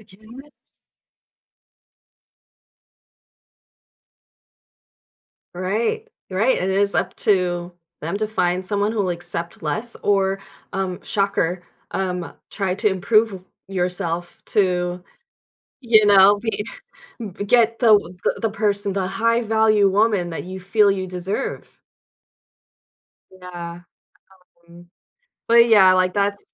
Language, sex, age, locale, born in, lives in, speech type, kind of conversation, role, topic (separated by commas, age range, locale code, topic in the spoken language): English, female, 40-44, en-US, United States, United States, conversation, advice, user, How can I build my confidence daily?